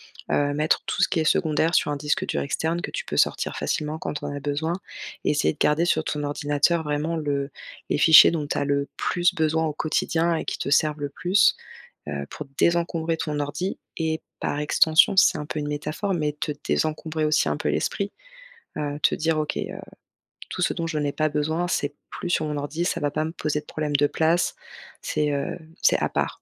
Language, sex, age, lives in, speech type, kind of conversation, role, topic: French, female, 35-39, France, conversation, advice, advisor, Comment puis-je désencombrer efficacement mes appareils et mes comptes en ligne ?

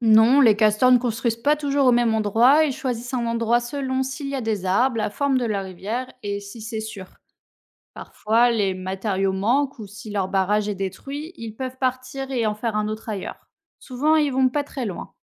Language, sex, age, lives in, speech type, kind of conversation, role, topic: French, female, 30-34, France, read, expert-assistant, expert, Comment les castors construisent-ils des barrages ?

